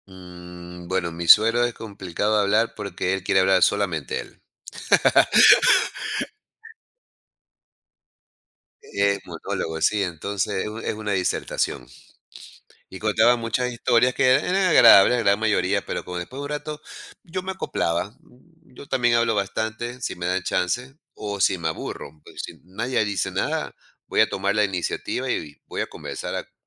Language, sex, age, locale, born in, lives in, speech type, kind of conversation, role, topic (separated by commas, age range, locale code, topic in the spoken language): Spanish, male, 55-59, es-EC, Ecuador, United States, conversation, podcast, guest, ¿Cómo equilibras el hablar y el escuchar en una conversación?